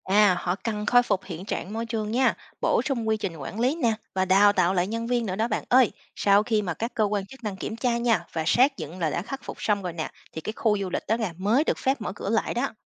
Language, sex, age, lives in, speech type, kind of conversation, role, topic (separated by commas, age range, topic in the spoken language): Vietnamese, female, 30-34, United States, read, expert-assistant, expert, Việc phát triển du lịch sinh thái mang lại những giá trị và rủi ro gì cho môi trường tự nhiên?